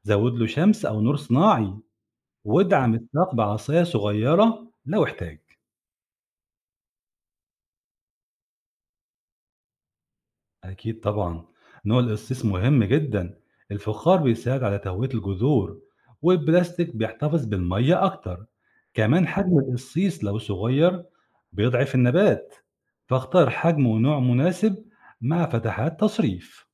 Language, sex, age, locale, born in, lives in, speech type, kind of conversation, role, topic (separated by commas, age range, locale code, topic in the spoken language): Arabic, male, 45-49, ar-EG, Egypt, Egypt, read, expert-assistant, expert, ليه النباتات اللي عندي في البلكونة بتدبل بسرعة وبتبطل تنمو؟ إيه الأسباب البيئية اللي ممكن تكون بتأثر عليها؟